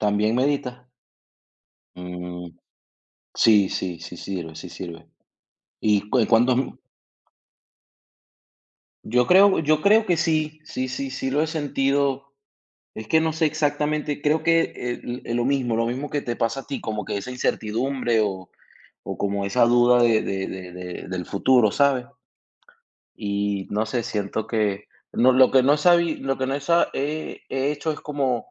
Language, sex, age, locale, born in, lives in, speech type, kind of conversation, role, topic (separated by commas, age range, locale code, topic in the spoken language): Spanish, male, 30-34, es-VE, Venezuela, United States, conversation, unstructured, none, ¿Alguna vez has sentido ansiedad sin saber por qué?